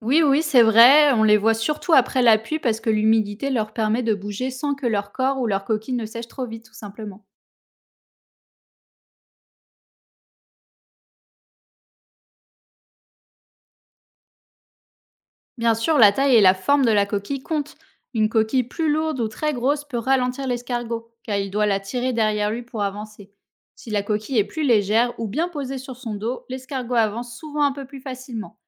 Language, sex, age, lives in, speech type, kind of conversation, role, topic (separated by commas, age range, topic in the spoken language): French, female, 30-34, France, read, expert-assistant, expert, Comment les escargots portent-ils leur coquille ?